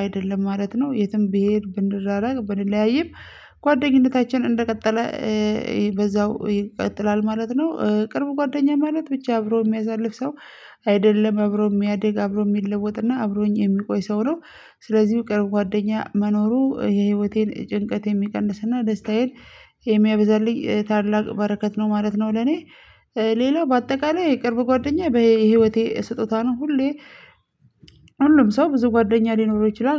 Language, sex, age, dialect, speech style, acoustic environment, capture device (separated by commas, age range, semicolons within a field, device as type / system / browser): Amharic, female, 25-34, Ethiopia - Addis Ababa, stressed, music, mobile / Linux / Chrome